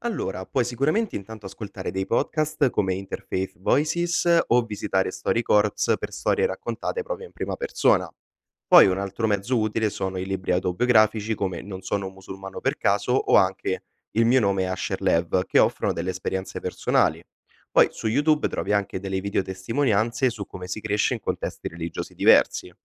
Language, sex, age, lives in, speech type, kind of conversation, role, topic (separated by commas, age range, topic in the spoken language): Italian, male, 20-24, Italy, read, expert-assistant, expert, Sai dove posso trovare storie vere di chi è cresciuto in tradizioni religiose diverse per capire meglio le somiglianze e le differenze?